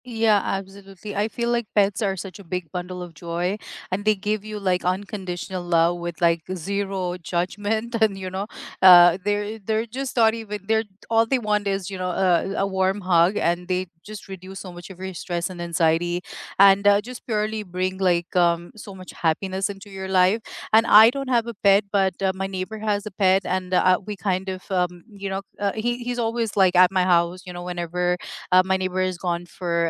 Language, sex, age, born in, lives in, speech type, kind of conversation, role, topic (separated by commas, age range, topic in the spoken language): English, female, 35-39, United States, United States, conversation, unstructured, none, Do small joys really make your week brighter, and which little moments stood out for you?